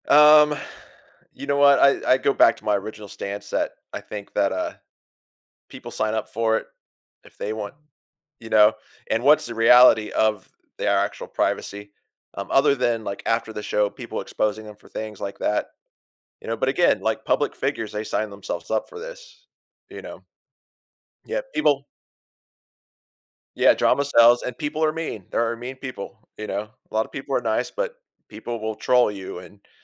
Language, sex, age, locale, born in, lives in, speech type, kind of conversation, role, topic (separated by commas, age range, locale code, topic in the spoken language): English, male, 45-49, en-US, United States, United States, conversation, unstructured, none, How do you think reality TV affects our views on privacy and personal boundaries?